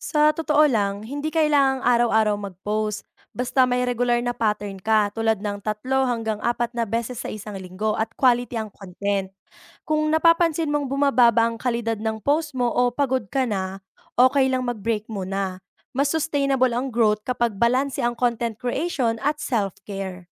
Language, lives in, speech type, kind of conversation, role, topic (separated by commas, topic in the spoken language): Filipino, Philippines, read, expert-assistant, expert, Ano ang mga dapat isaalang-alang kapag nagpapasya kung magpapatuloy sa kasalukuyang trabaho o lilipat ng karera?